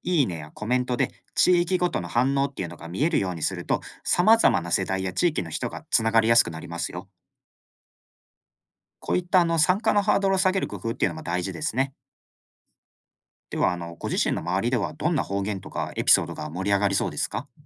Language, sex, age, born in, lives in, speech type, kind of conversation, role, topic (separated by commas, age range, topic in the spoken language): Japanese, male, 25-29, Japan, Japan, read, expert-assistant, expert, SNSを使って方言を楽しく保存・共有するにはどうすればよいですか？